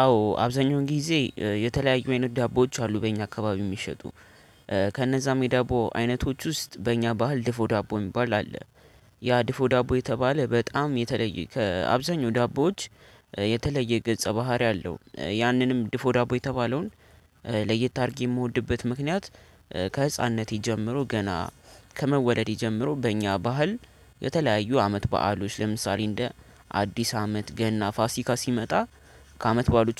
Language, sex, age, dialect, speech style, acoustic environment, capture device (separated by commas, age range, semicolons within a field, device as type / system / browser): Amharic, male, 18-24, Ethiopia - Addis Ababa, happy, people talking; nature, desktop / Linux / Chrome